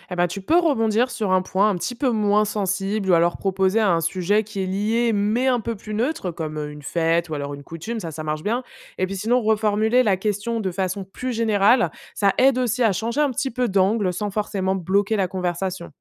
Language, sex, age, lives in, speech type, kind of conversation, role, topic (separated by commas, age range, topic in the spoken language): French, female, 25-29, France, read, expert-assistant, expert, Comment savoir si ce qu’on lit sur les religions du monde est vraiment fiable ?